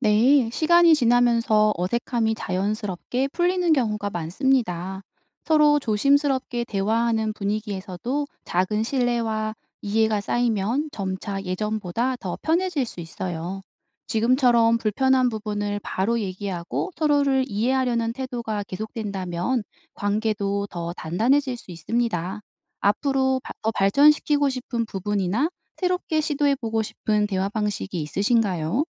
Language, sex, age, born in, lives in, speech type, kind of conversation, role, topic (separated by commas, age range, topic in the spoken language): Korean, female, 35-39, South Korea, South Korea, read, expert-assistant, expert, 오래된 친구와 우정을 오래 유지하려면 어떻게 해야 하나요?